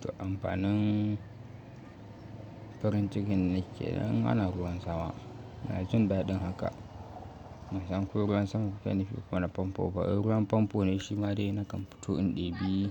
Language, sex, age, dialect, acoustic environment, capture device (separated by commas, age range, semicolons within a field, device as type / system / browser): Hausa, male, 18-24, Nigeria - Kano, nature, mobile / Linux / Chrome